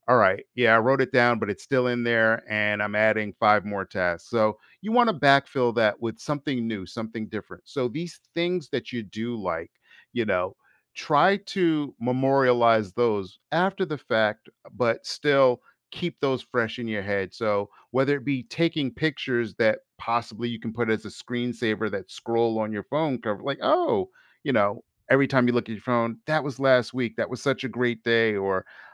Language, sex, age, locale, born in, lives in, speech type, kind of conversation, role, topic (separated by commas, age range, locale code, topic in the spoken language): English, male, 50-54, en-US, United States, United States, conversation, advice, advisor, How can I find joy in my daily routine?